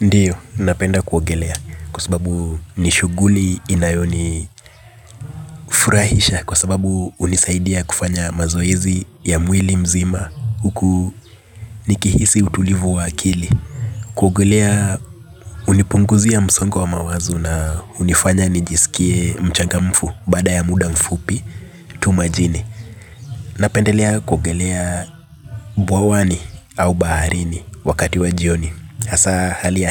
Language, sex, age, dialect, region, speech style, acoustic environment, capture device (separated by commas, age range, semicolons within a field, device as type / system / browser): Swahili, male, 25-34, Kenya - Nairobi Sheng-influenced, Kenya, relaxed, nature, mobile / Linux / Chrome